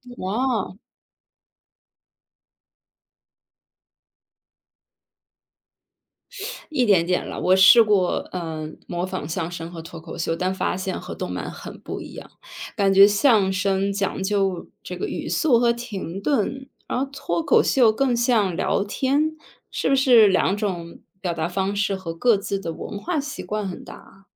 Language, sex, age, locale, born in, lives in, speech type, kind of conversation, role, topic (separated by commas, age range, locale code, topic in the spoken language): Chinese, female, 30-34, zh-CN, China, United States, read, expert-assistant, asker, 用什么方法能直接体验不同语言的幽默和表达方式？